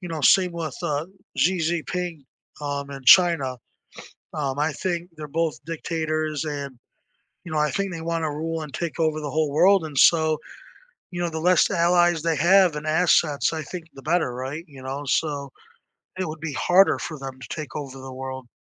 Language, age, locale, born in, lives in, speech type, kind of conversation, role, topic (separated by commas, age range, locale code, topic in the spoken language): English, 20-24, en-US, United States, United States, conversation, unstructured, none, What historical event do you think changed the world for the better?